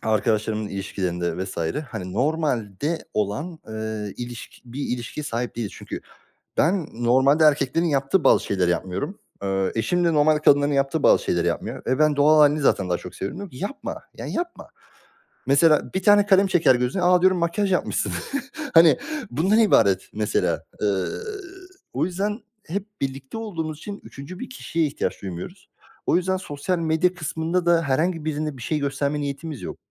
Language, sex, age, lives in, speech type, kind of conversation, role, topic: Turkish, male, 25-29, Germany, conversation, unstructured, none, Sosyal medyada gerçek benliğini gösterebiliyor musun?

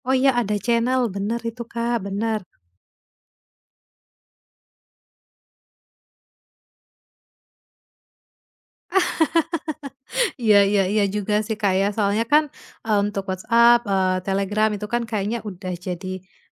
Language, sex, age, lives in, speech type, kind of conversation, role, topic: Indonesian, female, 30-34, Indonesia, conversation, podcast, host, Bagaimana media sosial memengaruhi rasa keterhubungan kita dengan orang lain?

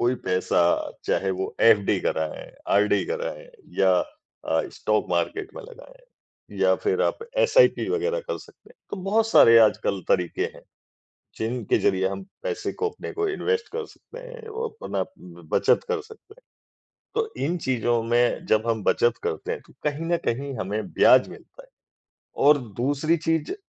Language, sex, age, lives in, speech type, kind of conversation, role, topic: Hindi, male, 55-59, India, conversation, unstructured, none, पैसे की बचत करने से आपकी ज़िंदगी में क्या बदलाव आया?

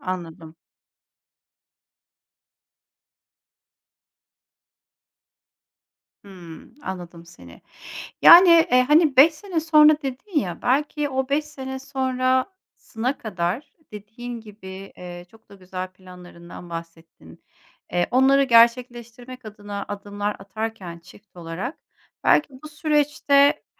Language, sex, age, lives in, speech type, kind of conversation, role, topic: Turkish, female, 40-44, Germany, conversation, advice, advisor, Evlilik ve çocuk gibi büyük yaşam hedeflerinde anlaşmazlıklarla nasıl başa çıkılır?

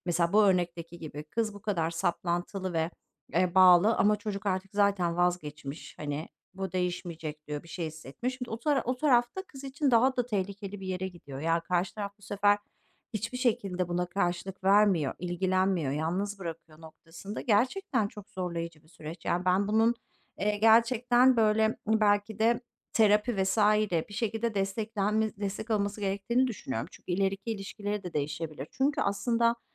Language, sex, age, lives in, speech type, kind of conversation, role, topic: Turkish, female, 40-44, Netherlands, conversation, unstructured, none, Ayrılık korkusu bazen bir ilişkiyi nasıl etkiler?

